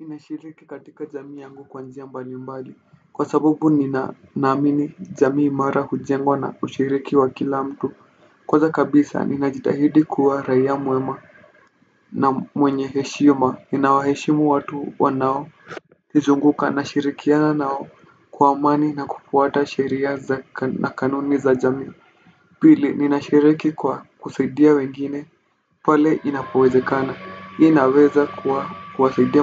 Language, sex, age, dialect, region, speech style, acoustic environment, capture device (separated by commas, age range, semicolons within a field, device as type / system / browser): Swahili, male, 18-24, Kenya - Nairobi Sheng-influenced, Kenya, relaxed, silence; nature, desktop / Windows / Firefox